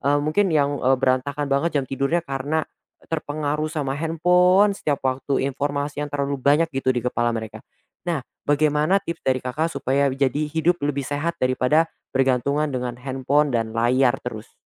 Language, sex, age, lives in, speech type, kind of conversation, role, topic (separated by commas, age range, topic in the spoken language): Indonesian, male, 20-24, Indonesia, conversation, podcast, host, Bagaimana kamu membagi waktu antara layar dan aktivitas di dunia nyata?